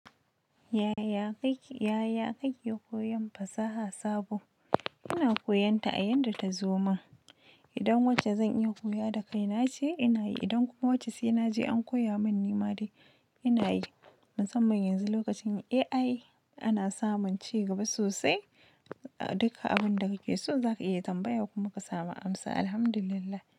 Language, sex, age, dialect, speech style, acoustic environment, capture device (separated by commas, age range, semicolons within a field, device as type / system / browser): Hausa, female, 25-34, Nigeria - Nigerian Standard, happy, nature, mobile / Linux / Chrome